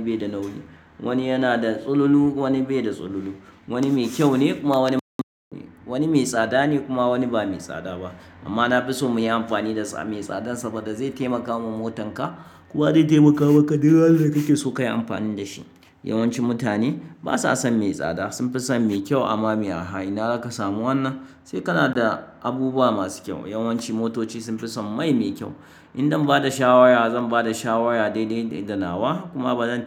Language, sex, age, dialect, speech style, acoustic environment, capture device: Hausa, male, 35-44, Nigeria - Kano, focused, nature, mobile / Linux / Chrome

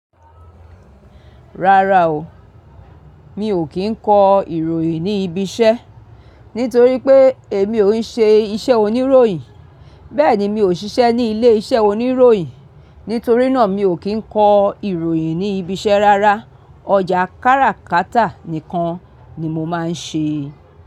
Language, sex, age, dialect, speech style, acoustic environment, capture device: Yoruba, female, 45-54, Nigeria - Lagos Yoruba, happy; excited; focused, silence, mobile / Linux / Chrome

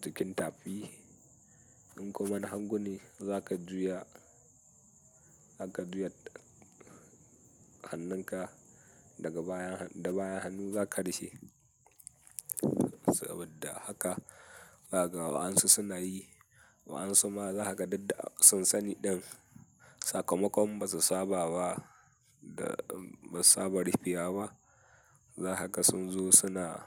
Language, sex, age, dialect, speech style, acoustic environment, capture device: Hausa, male, 18-24, Nigeria - Katsina, happy, people talking, mobile / Linux / Chrome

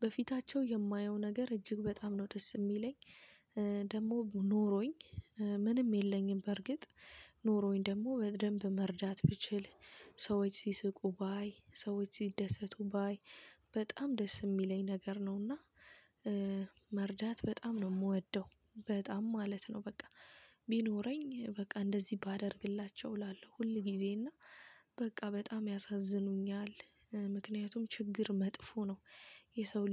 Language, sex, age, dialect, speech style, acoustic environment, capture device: Amharic, female, 25-34, Ethiopia - Addis Ababa, focused, traffic, mobile / Linux / Chrome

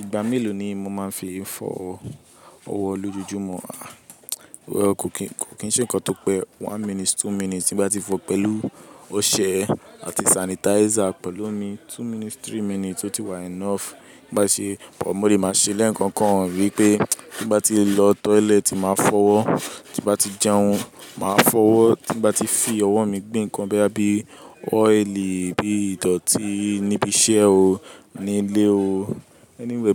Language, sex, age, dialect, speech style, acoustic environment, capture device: Yoruba, male, 18-24, Nigeria - Lagos, focused, people talking; construction; appliances, mobile / Linux / Chrome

